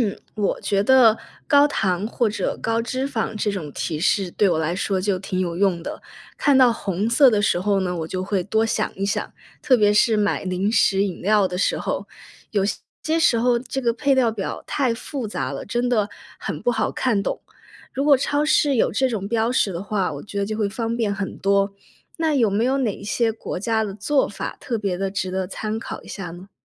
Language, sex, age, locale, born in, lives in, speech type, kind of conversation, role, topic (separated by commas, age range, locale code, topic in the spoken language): Chinese, female, 25-29, zh-CN, China, United States, read, expert-assistant, asker, 小时候和现在相比，你对食物安全的看法有变化吗？为什么会有这样的变化？